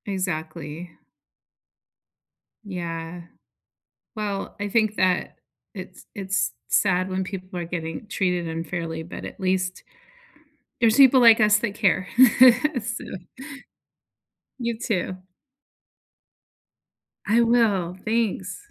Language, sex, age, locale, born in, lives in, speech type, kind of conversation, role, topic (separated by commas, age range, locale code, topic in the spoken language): English, female, 50-54, en-US, United States, United States, conversation, unstructured, none, How do you respond when you see someone being treated unfairly?